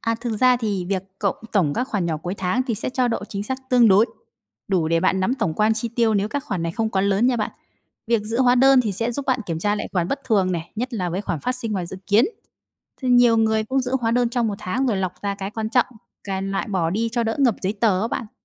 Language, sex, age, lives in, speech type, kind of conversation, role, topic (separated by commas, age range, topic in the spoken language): Vietnamese, female, 30-34, Vietnam, read, expert-assistant, expert, Các mô hình phân bổ ngân sách cá nhân như 50/30/20, Kakeibo, Envelope System hoạt động ra sao và nên chọn mô hình nào?